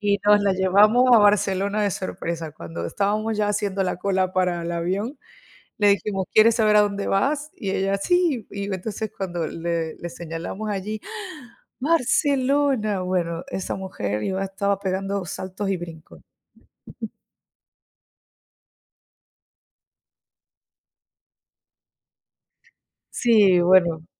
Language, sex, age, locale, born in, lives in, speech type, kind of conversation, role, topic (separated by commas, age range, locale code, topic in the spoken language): Spanish, female, 30-34, es-VE, Venezuela, Italy, conversation, unstructured, none, ¿Recuerdas alguna sorpresa que te haya dado tu familia?